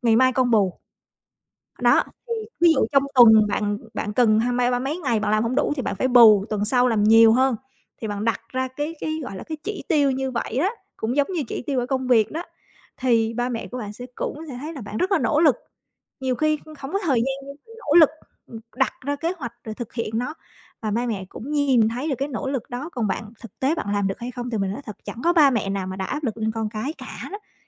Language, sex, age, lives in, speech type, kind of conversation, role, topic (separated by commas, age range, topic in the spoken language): Vietnamese, female, 35-39, Vietnam, conversation, advice, advisor, Làm thế nào để cân bằng giữa công việc và gia đình khi bạn không có đủ thời gian?